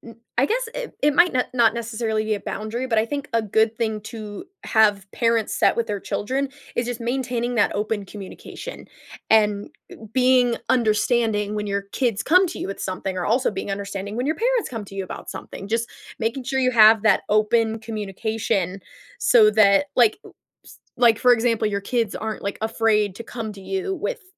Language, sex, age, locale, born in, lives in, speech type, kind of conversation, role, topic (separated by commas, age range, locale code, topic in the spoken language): English, female, 20-24, en-US, United States, United States, conversation, podcast, guest, How can families resolve conflict in healthy ways?